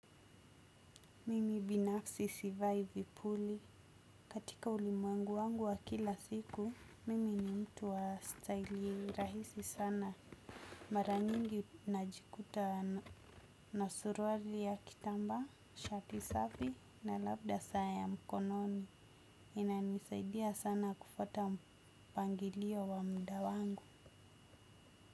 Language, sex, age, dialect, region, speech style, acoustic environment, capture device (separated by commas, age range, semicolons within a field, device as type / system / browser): Swahili, female, 25-34, Kenya - Mombasa, Kenya, focused, silence, desktop / Windows / Chrome